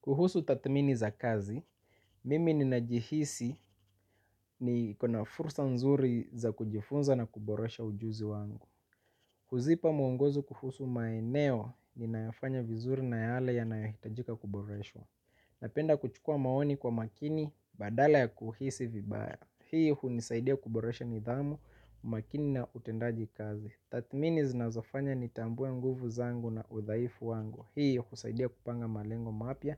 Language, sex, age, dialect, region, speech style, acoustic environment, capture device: Swahili, male, 25-34, Kenya - Mombasa, Kenya, relaxed, silence, mobile / Linux / Chrome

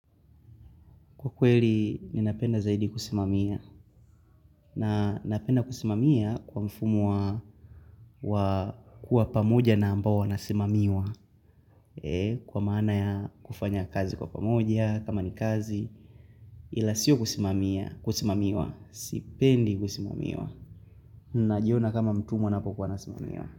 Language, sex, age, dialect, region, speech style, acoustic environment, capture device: Swahili, male, 18-24, Tanzania - Dar es Salaam, Tanzania, focused, nature; appliances; people talking; music, mobile / Linux / Chrome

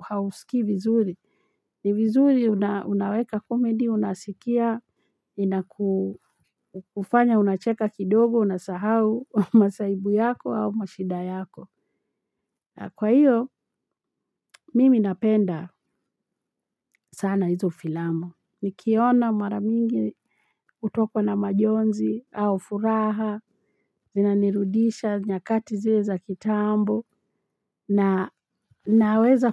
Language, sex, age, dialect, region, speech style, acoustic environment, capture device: Swahili, female, 45-54, Kenya - Nairobi Sheng-influenced, Kenya, relaxed, people talking, mobile / Linux / Chrome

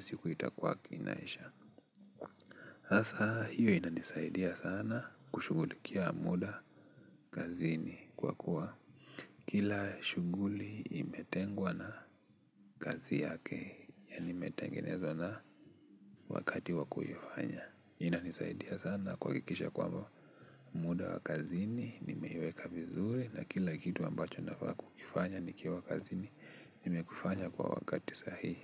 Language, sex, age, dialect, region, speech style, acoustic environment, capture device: Swahili, male, 18-24, Kenya - Nairobi Sheng-influenced, Kenya, tired, nature, desktop / Linux / Chrome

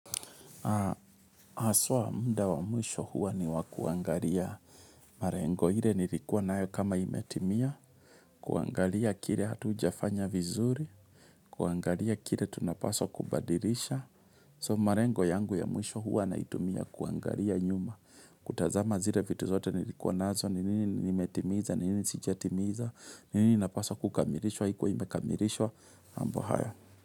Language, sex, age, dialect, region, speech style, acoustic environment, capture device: Swahili, male, 35-44, Kenya - Kiambu, Kenya, focused, nature, mobile / Linux / Chrome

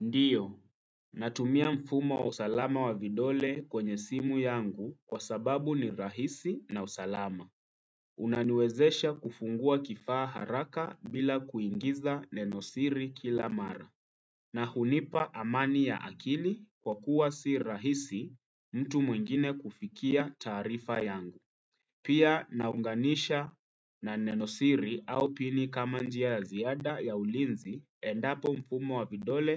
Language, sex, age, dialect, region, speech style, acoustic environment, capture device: Swahili, male, 25-34, Kenya - Nairobi Sheng-influenced, Kenya, relaxed; focused, nature; silence, desktop / Windows / Chrome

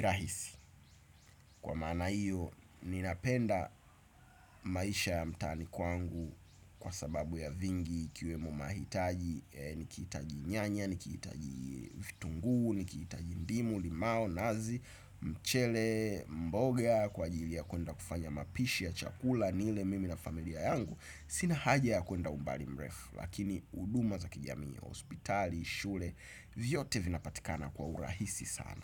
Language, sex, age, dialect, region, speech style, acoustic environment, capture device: Swahili, male, 25-34, Tanzania - Dar es Salaam, Tanzania, excited, appliances; construction; nature; people talking; traffic, desktop / Windows / Chrome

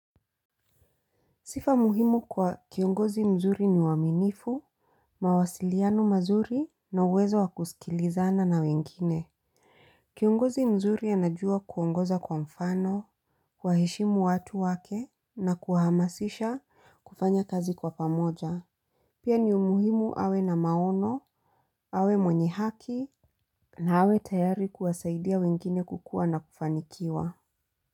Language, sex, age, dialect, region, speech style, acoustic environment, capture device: Swahili, female, 35-44, Kenya - Mombasa, Kenya, happy, silence, mobile / Linux / Chrome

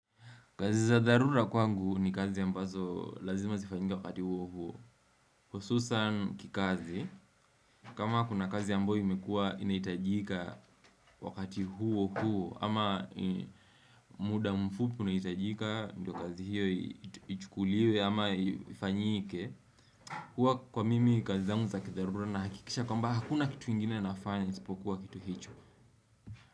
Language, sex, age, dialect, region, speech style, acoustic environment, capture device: Swahili, male, 18-24, Kenya - Mombasa, Kenya, relaxed, silence, desktop / Windows / Chrome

